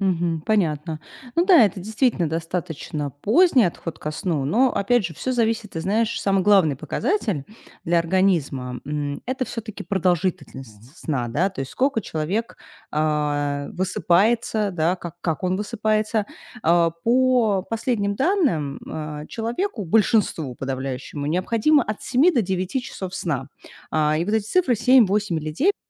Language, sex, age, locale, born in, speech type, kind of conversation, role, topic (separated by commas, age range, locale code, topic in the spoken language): Russian, female, 35-39, ru-RU, Russia, conversation, advice, advisor, Почему мне не удаётся ложиться спать в одно и то же время?